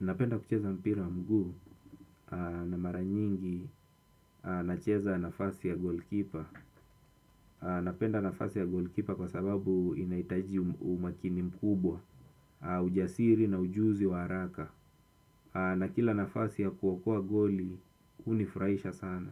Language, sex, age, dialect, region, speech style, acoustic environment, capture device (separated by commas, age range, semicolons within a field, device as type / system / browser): Swahili, male, 25-34, Kenya - Mombasa, Kenya, nervous; anxious, silence, desktop / Windows / Chrome